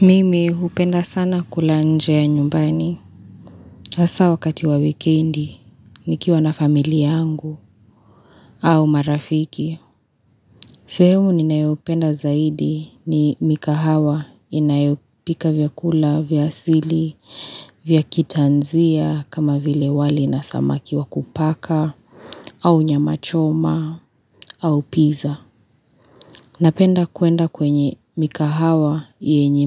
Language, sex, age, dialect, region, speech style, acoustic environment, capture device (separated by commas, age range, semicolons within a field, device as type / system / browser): Swahili, female, 25-34, Kenya - Nairobi Sheng-influenced, Kenya, relaxed, nature, mobile / Linux / Chrome